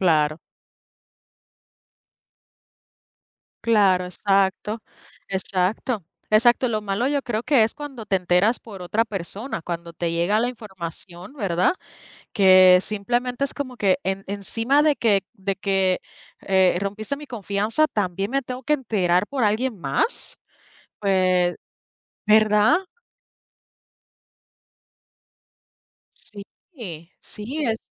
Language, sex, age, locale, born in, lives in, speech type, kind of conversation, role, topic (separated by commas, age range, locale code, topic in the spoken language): Spanish, female, 30-34, es-US, Puerto Rico, United States, conversation, unstructured, none, ¿Crees que todas las personas merecen una segunda oportunidad?